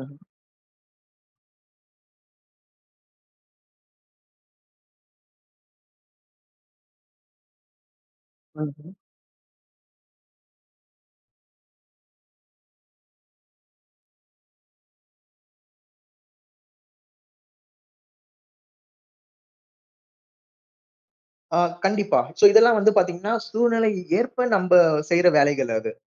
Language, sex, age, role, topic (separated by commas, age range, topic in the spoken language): Tamil, male, 30-34, host, வீட்டுப் பணிகளை நீங்கள் எவ்வாறு பிரித்து செய்கிறீர்கள்?